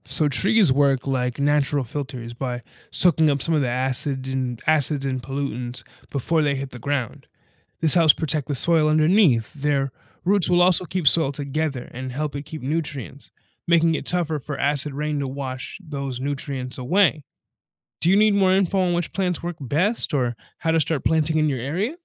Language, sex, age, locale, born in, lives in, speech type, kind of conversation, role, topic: English, male, 20-24, en-US, United States, United States, read, expert-assistant, expert, How does the water cycle work on Earth?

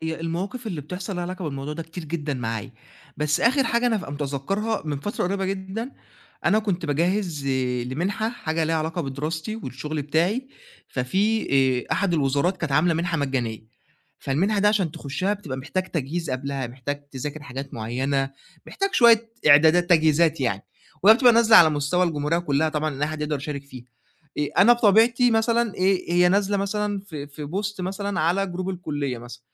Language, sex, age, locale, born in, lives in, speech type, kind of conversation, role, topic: Arabic, male, 20-24, ar-EG, Egypt, Egypt, conversation, advice, user, إزاي أتعامل مع قلقي من حكم الناس ونقدهم لما أشارك شغلي اللي لسه بيتعمل؟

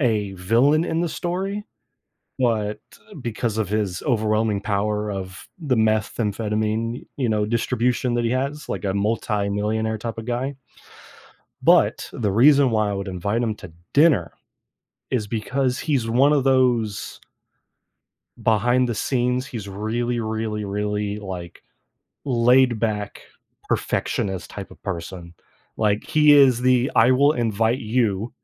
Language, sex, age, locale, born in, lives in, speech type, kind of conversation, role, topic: English, male, 25-29, en-US, United States, United States, conversation, unstructured, none, Which film character would you invite to dinner, and what would you ask them?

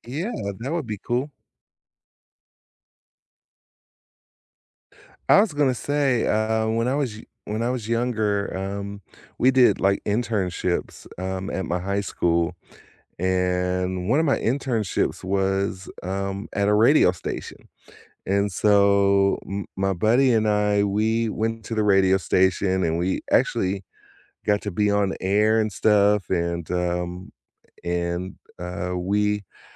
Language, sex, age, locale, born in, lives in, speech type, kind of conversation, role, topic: English, male, 50-54, en-US, United States, United States, conversation, unstructured, none, What advice would you give to someone who is starting their career?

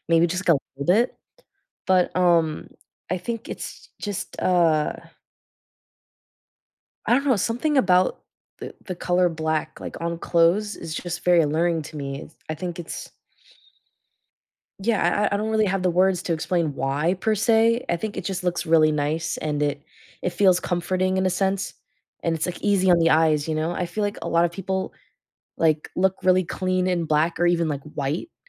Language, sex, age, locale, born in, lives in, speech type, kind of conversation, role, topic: English, female, 20-24, en-US, United States, United States, conversation, podcast, guest, In what ways do your personal experiences and surroundings shape how your style evolves over time?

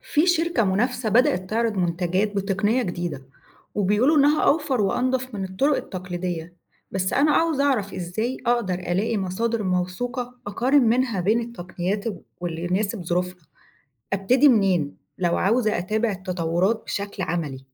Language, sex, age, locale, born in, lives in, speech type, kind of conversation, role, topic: Arabic, female, 35-39, ar-EG, Egypt, Egypt, read, expert-assistant, asker, إزاي أقدر أتابع وأقارن بين تقنيات إنتاج الأكل التقليدية والجديدة في العالم، وأعرف إيه المناسب لبلدنا؟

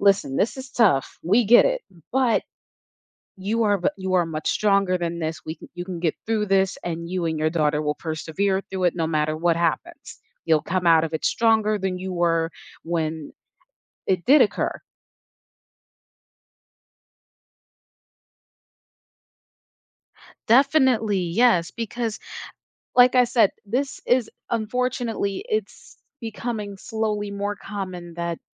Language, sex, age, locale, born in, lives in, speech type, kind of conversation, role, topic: English, female, 25-29, en-US, United States, United States, conversation, advice, advisor, How can I cope with a recent breakup?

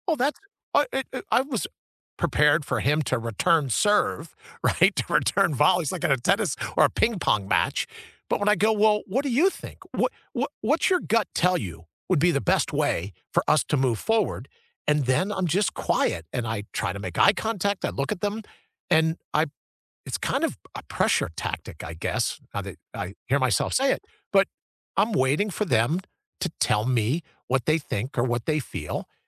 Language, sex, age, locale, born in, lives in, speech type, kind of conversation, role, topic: English, male, 60-64, en-US, United States, United States, conversation, unstructured, none, Have you ever had to convince someone to accept your point of view?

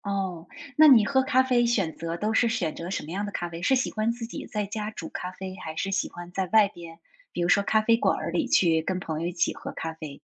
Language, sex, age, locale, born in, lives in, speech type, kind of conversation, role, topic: Chinese, female, 45-49, zh-TW, China, United States, conversation, unstructured, none, 你觉得喝茶和喝咖啡哪个更提神？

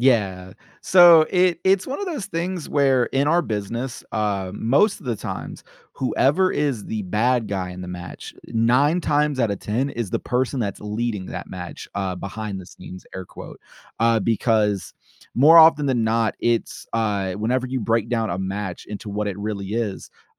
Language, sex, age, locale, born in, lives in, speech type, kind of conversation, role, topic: English, male, 25-29, en-US, United States, United States, conversation, podcast, guest, What is your favorite way to express creativity?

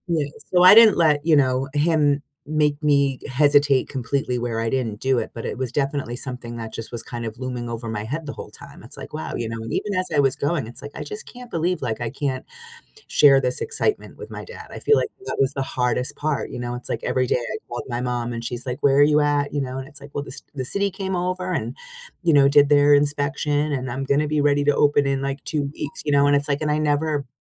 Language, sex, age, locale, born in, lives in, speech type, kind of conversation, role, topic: English, female, 45-49, en-US, United States, United States, conversation, unstructured, none, Have you ever had to put your dreams on hold, and how did that feel?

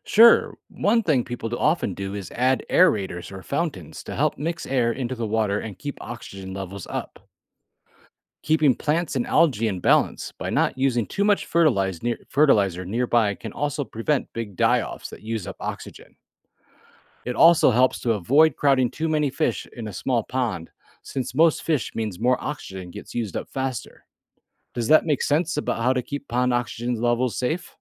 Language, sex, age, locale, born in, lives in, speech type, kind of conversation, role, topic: English, male, 40-44, en-US, United States, United States, read, expert-assistant, expert, How do fish get the oxygen they need to survive in water?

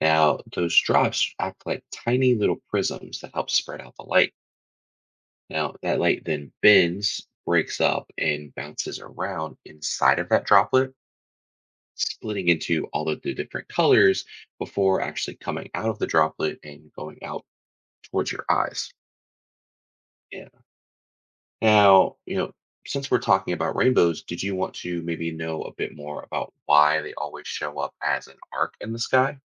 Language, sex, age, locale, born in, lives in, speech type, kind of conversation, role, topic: English, male, 30-34, en-US, United States, United States, read, expert-assistant, expert, How do rainbows form in the sky?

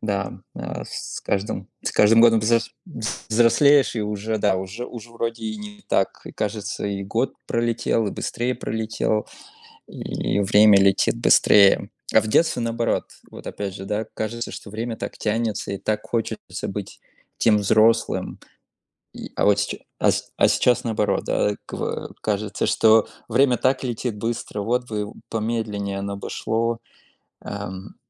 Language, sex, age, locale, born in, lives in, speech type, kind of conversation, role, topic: Russian, male, 30-34, ru-RU, Russia, United States, conversation, unstructured, none, Какие мелочи из прошлого делают тебя счастливым?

